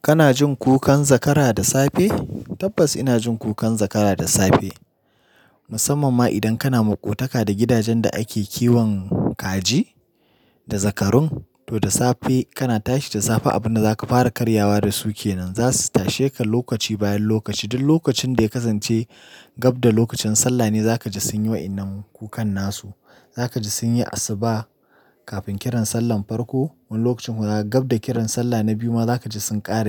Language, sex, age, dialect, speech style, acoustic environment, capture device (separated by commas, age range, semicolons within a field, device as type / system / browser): Hausa, male, 25-34, Nigeria - Nigerian Standard, focused, nature, mobile / Linux / Chrome